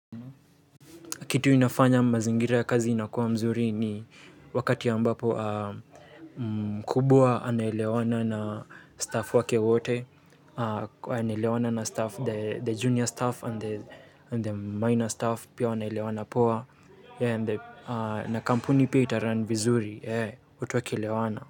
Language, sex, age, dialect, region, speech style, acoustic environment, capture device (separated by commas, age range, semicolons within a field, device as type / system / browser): Swahili, male, 18-24, Kenya - Nairobi Sheng-influenced, Kenya, happy, silence, mobile / Linux / Chrome